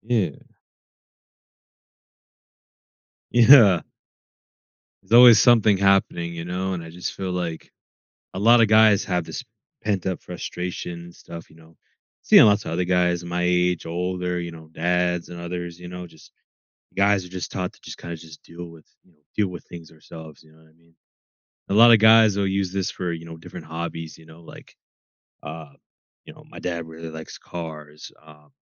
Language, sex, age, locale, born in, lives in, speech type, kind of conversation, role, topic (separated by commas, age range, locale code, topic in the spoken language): English, male, 20-24, en-US, United States, United States, conversation, podcast, guest, How does creativity help you understand and process your emotions?